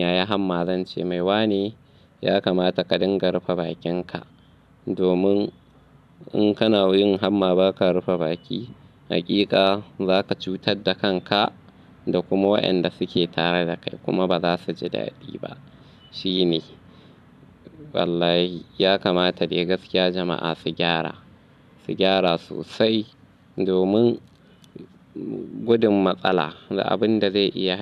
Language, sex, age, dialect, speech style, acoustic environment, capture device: Hausa, male, 25-34, Nigeria - Kano, happy, nature, mobile / Linux / Chrome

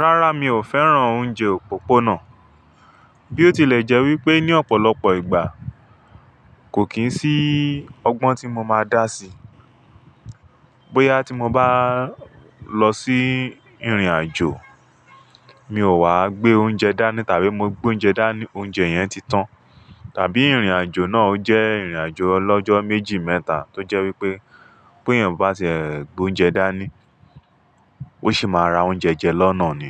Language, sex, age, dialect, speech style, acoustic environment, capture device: Yoruba, male, 35-44, Nigeria - Lagos Yoruba, focused; relaxed, silence, mobile / Linux / Chrome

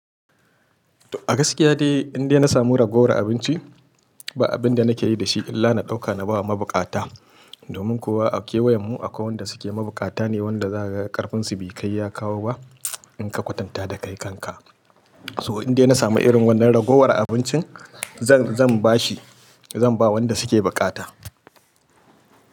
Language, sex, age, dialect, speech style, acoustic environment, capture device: Hausa, male, 35-44, Nigeria - Kano, happy; excited; focused, people talking, mobile / Linux / Chrome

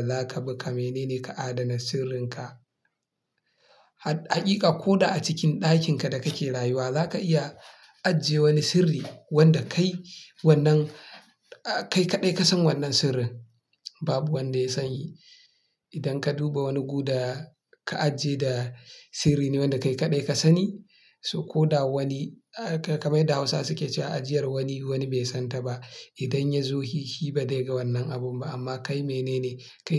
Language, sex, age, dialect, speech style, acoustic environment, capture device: Hausa, male, 25-34, Nigeria - Kano, excited; focused; relaxed, silence, mobile / Linux / Chrome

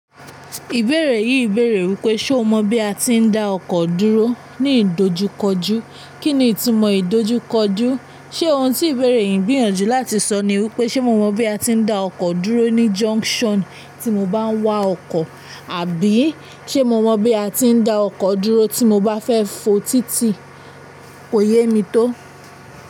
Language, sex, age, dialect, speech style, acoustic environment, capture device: Yoruba, female, 18-24, Nigeria - Ibadan, focused, appliances, mobile / Linux / Chrome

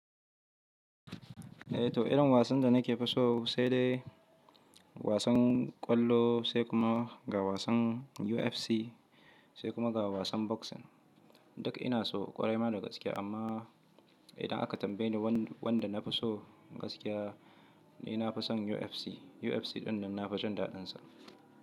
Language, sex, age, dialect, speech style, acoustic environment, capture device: Hausa, male, 25-34, Nigeria - Kano, relaxed, silence, mobile / Linux / Chrome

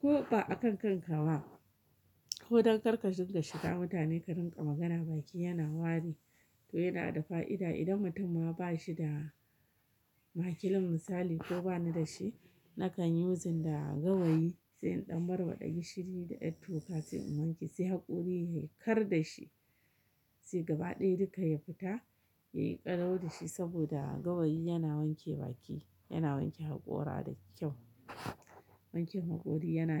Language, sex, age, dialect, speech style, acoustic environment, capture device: Hausa, female, 35-44, Nigeria - Kano, happy, silence, mobile / Linux / Chrome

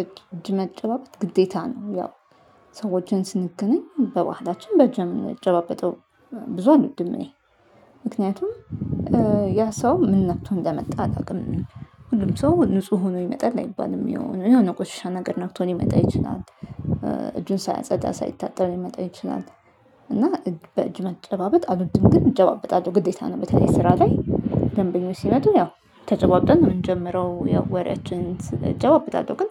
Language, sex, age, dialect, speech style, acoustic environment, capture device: Amharic, female, 35-44, Ethiopia - Addis Ababa, focused, nature, mobile / Linux / Chrome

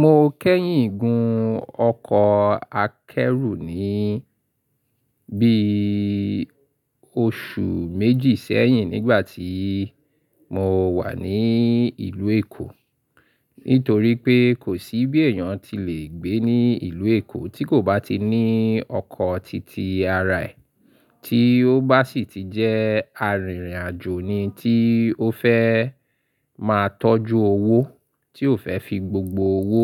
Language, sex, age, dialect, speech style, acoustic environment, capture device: Yoruba, male, 35-44, Nigeria - Lagos Yoruba, happy; focused; excited, silence; appliances; construction, mobile / Linux / Chrome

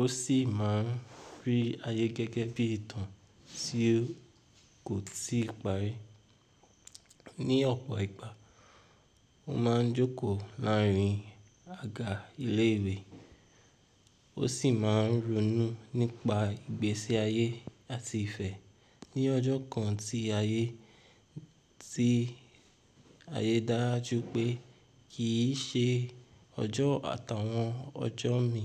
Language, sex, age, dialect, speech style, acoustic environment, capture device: Yoruba, male, 18-24, Nigeria - Ibadan, tired, nature, mobile / Linux / Chrome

